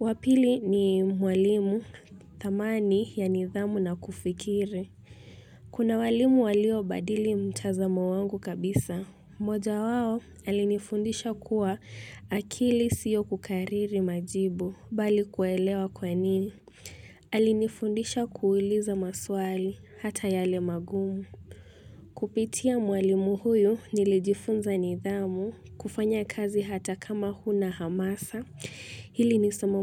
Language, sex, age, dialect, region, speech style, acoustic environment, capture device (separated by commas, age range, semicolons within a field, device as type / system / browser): Swahili, female, 18-24, Kenya - Mombasa, Kenya, nervous, music; people talking, mobile / Linux / Chrome